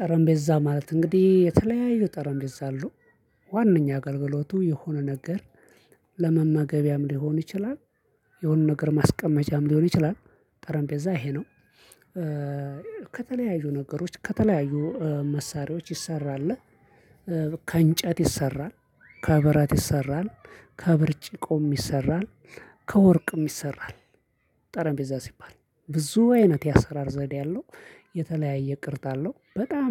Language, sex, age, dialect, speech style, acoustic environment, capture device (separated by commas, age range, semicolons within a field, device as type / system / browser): Amharic, male, 25-34, Ethiopia - Addis Ababa, relaxed, nature, mobile / Linux / Chrome